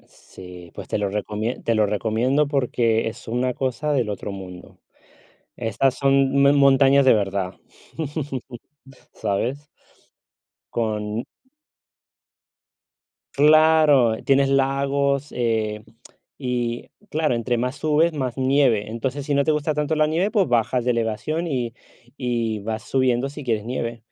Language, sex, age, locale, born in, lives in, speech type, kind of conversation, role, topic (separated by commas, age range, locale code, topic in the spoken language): Spanish, male, 35-39, es-US, United States, Spain, conversation, unstructured, none, ¿Te gusta más el mar o la montaña?